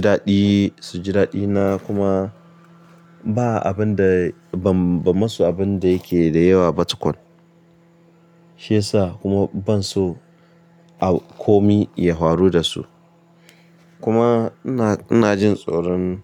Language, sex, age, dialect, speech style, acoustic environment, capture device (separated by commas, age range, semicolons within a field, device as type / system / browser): Hausa, male, 25-34, Nigeria - Ibadan, relaxed; focused, nature; appliances, mobile / Linux / Chrome